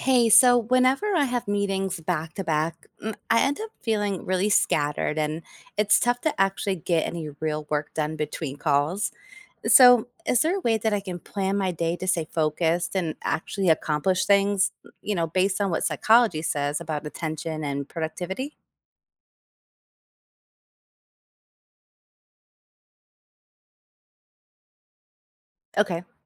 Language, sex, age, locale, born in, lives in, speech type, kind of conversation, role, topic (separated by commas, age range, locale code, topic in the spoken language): English, female, 40-44, en-US, United States, United States, read, expert-assistant, asker, How can daily planning minimize distractions and increase focus?